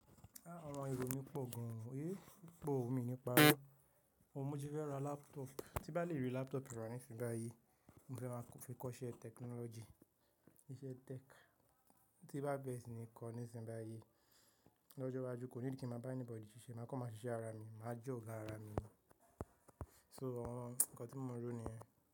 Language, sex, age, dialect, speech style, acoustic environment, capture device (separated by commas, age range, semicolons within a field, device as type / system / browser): Yoruba, male, 18-24, Nigeria - Nigerian Standard, relaxed, nature, mobile / macOS / Safari